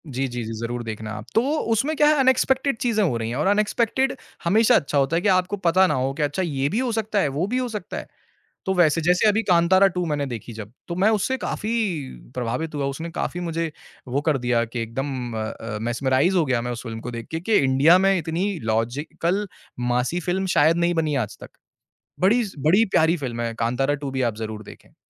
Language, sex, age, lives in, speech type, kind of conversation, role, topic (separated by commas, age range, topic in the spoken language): Hindi, male, 25-29, India, conversation, podcast, guest, Social media ne tumhari show choices ko kaise badla hai?